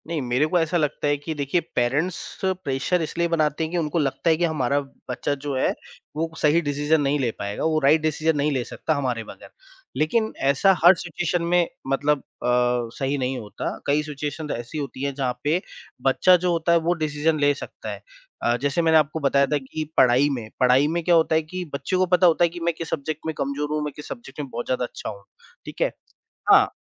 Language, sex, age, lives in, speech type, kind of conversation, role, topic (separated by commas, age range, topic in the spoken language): Hindi, male, 30-34, India, conversation, podcast, guest, Parents ka pressure tum par kaise asar karta tha?